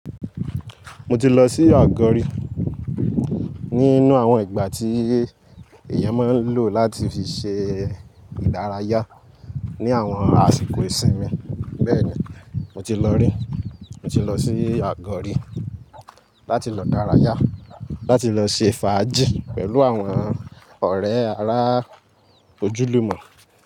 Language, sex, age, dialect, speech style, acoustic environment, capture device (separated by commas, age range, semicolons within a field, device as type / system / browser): Yoruba, male, 18-24, Nigeria - Lagos Yoruba, excited; happy, nature, mobile / Linux / Chrome